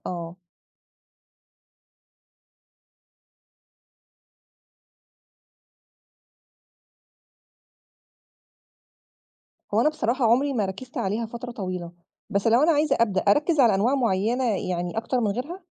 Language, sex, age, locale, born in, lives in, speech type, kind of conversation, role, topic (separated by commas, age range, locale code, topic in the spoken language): Arabic, female, 35-39, ar-EG, Egypt, Egypt, read, expert-assistant, asker, إزاي ممكن نساعد الجسم يتعافى أسرع بعد مجهود بدني جامد أو إرهاق؟